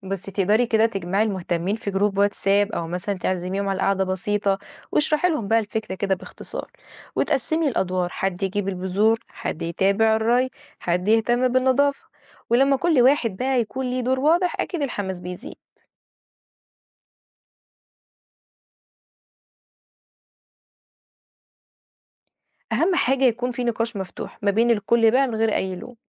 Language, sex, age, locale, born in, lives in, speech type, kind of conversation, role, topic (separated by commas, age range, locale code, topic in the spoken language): Arabic, female, 20-24, ar-EG, Egypt, Egypt, read, expert-assistant, expert, إزاي نقدر ننسق بين الناس عشان نعمل نظام بيئي صغير في البلكونة أو فوق السطح؟